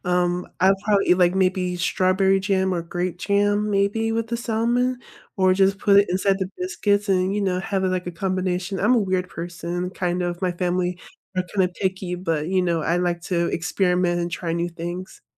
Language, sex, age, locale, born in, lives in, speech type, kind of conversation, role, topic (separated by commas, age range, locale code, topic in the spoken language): English, female, 25-29, en-US, United States, United States, conversation, unstructured, none, What is your favorite comfort food, and what is the story behind it?